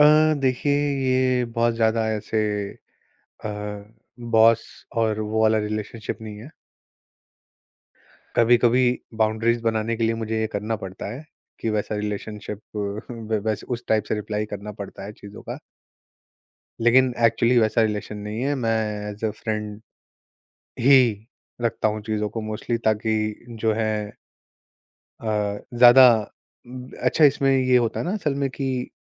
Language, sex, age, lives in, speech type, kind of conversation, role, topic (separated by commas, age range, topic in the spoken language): Hindi, male, 25-29, India, conversation, podcast, guest, Tum bina micromanage kiye delegation kaise karte ho?